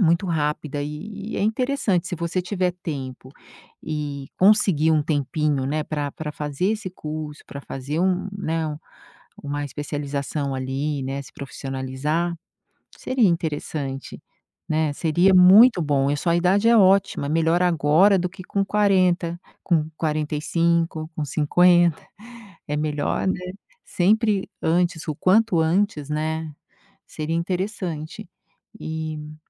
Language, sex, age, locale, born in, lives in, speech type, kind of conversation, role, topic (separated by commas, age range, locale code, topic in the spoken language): Portuguese, female, 50-54, pt-BR, Brazil, United States, conversation, advice, advisor, Como posso voltar a estudar ou me profissionalizar na vida adulta conciliando trabalho e família?